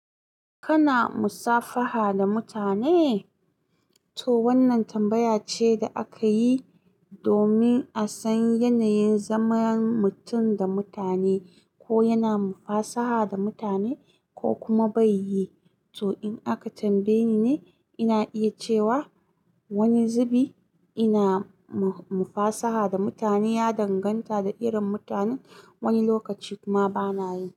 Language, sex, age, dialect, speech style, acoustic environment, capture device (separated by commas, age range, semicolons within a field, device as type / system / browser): Hausa, female, 25-34, Nigeria - Kano, focused, silence, mobile / Linux / Chrome